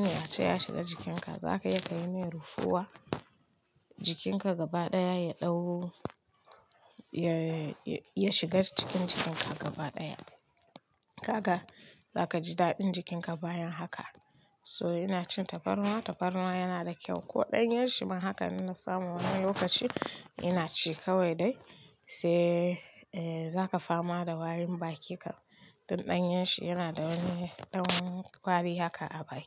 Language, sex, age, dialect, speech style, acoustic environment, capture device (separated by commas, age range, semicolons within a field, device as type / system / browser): Hausa, female, 25-34, Nigeria - Kano, focused, people talking, mobile / Linux / Chrome